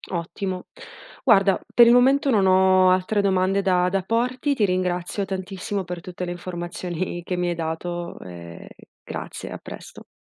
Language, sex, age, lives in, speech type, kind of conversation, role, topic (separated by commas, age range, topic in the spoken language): Italian, female, 30-34, Italy, read, expert-assistant, asker, Quali sono le priorità principali per il giornalismo moderno: velocità delle notizie, accuratezza, o approfondimento?